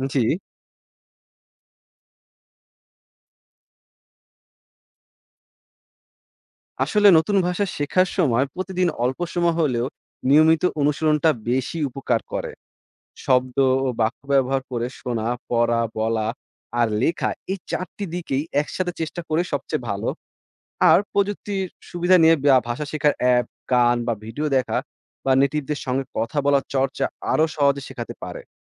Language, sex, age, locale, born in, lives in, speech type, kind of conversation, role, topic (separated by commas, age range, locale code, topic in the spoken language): Bengali, male, 20-24, bn-BD, Bangladesh, Bangladesh, read, expert-assistant, expert, নতুন ভাষা শেখার কার্যকর কৌশল কী